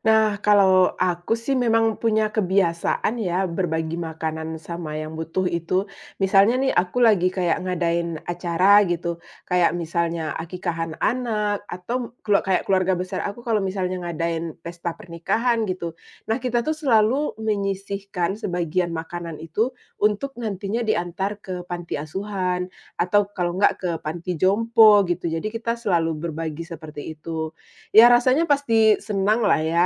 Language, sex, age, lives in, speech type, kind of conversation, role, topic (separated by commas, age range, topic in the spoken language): Indonesian, female, 35-39, Indonesia, conversation, podcast, guest, Bagaimana perasaanmu setelah berbagi makanan dengan orang yang membutuhkan?